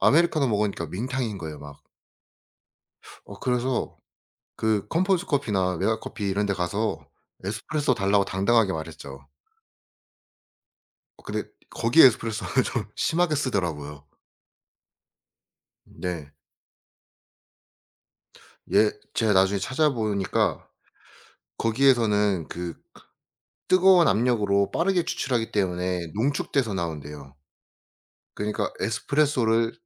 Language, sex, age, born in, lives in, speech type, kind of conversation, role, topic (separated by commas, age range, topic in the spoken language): Korean, male, 25-29, South Korea, South Korea, conversation, unstructured, none, 음식과 관련된 추억 중 가장 기억에 남는 것은 무엇인가요?